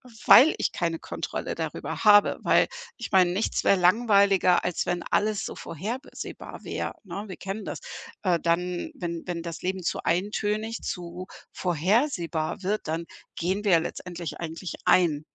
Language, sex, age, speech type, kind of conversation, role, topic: German, female, 55-59, conversation, podcast, guest, Was sind deine besten Tipps fürs achtsame Gehen?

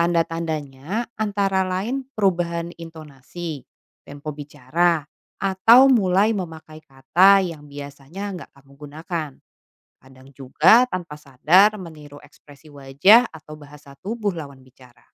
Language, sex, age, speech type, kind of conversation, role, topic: Indonesian, female, 35-39, read, expert-assistant, expert, Mengapa Kita Menyesuaikan Cara Bicara di Tempat Kerja?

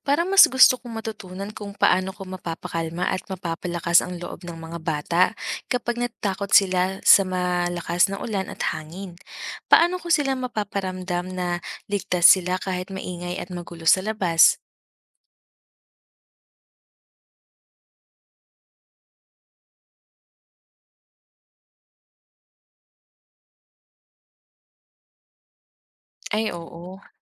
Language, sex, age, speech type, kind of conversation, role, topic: Filipino, female, 30-34, read, expert-assistant, asker, Paano magiging ligtas at masaya ang unang family camping kasama ang mga bata?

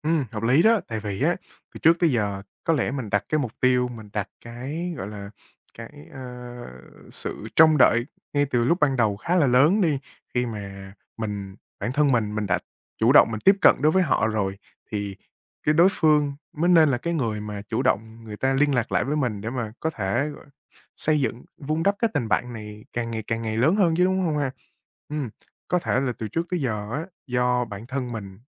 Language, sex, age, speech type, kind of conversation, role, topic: Vietnamese, male, 20-24, conversation, advice, user, Làm thế nào để kết bạn mới trong môi trường mới khi bạn thấy khó xây dựng sự thân thiết?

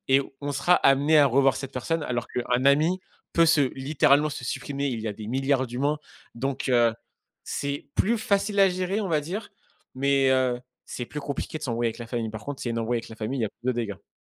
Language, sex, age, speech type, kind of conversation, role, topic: French, male, 25-29, conversation, unstructured, none, Comment réagis-tu face à un conflit avec un ami proche ?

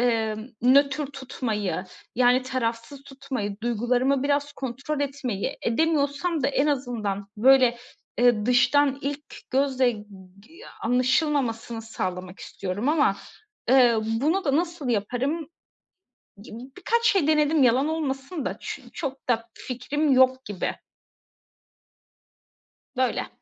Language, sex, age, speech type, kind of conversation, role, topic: Turkish, female, 30-34, conversation, advice, user, Yoğun duygular yaşadığımda nasıl sakin kalabilir ve aşırı tepki vermekten kaçınabilirim?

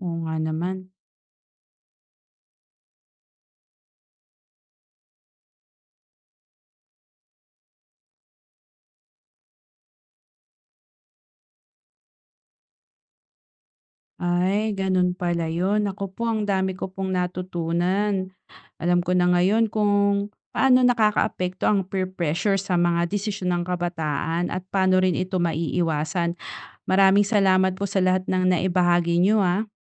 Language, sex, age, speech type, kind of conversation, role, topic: Filipino, female, 35-39, read, expert-assistant, asker, Paano nakakaapekto ang peer pressure sa mga desisyon ng kabataan?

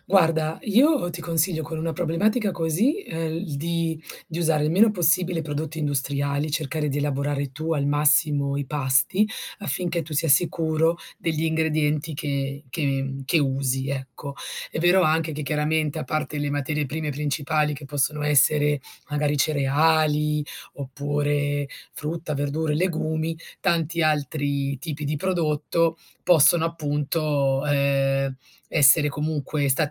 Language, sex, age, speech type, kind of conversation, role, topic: Italian, female, 40-44, conversation, advice, advisor, Qual è un cambiamento importante nella tua salute che sta alterando la tua vita quotidiana?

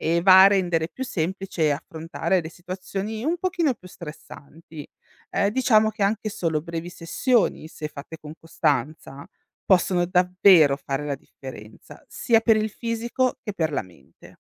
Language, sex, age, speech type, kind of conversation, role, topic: Italian, female, 35-39, read, expert-assistant, expert, Come posso inserire esercizi di forza nella mia giornata per ridurre lo stress?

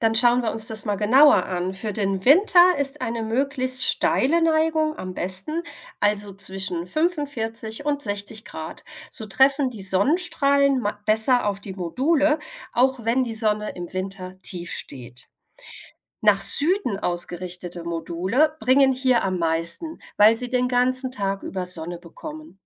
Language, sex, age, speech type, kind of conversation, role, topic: German, female, 40-44, read, expert-assistant, expert, Wie funktioniert eine Solarzelle?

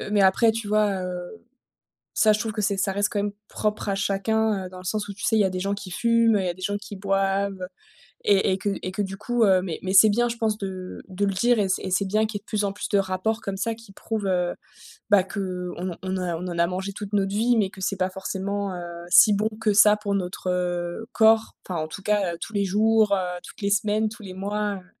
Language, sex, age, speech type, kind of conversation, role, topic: French, female, 30-34, conversation, podcast, guest, Comment gères-tu les régimes alimentaires et les allergies de tes invités ?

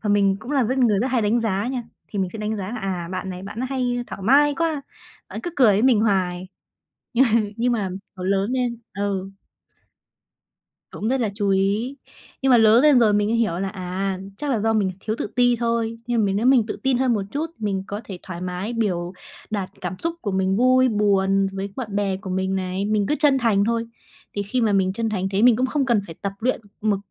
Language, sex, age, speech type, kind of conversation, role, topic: Vietnamese, female, 20-24, conversation, podcast, guest, Làm thế nào để nhận biết cảm xúc của người khác qua nét mặt?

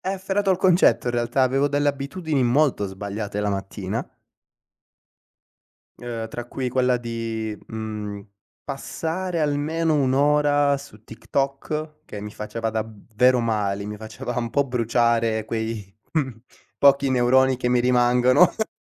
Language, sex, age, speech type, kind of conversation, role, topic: Italian, male, 25-29, conversation, podcast, guest, Qual è un piccolo rituale quotidiano che cambia la tua giornata?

